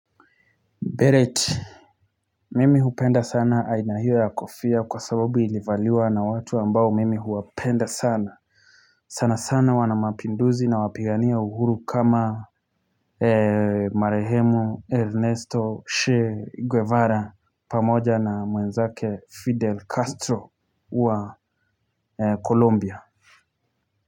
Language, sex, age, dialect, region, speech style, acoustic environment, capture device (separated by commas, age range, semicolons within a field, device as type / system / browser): Swahili, male, 25-34, Kenya - Nairobi Sheng-influenced, Kenya, anxious, silence, mobile / Linux / Chrome